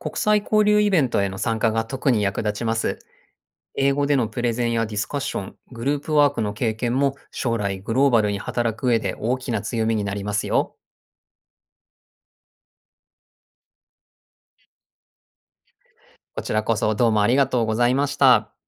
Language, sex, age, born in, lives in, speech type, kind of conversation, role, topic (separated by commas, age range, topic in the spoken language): Japanese, male, 40-44, Japan, Japan, read, expert-assistant, expert, グローバリゼーションについて信頼できる情報を探すとき、どんな方法がありますか？